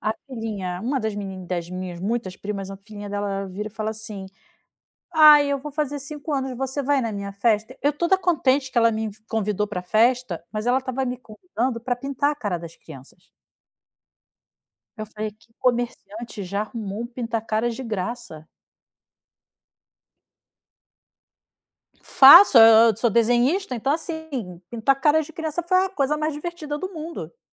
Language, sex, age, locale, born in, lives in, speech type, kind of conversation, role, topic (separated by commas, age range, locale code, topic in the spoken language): Portuguese, female, 40-44, pt-BR, Brazil, Spain, conversation, advice, user, Como posso avaliar se devo abrir um negócio próprio, considerando o risco financeiro?